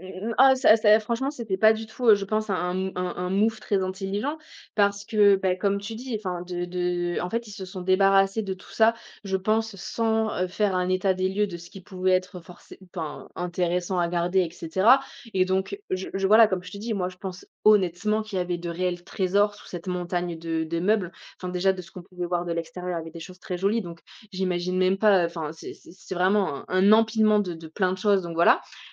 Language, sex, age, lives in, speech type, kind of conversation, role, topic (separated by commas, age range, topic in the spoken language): French, female, 25-29, France, conversation, podcast, guest, Peux-tu raconter une découverte inattendue faite en explorant un quartier ?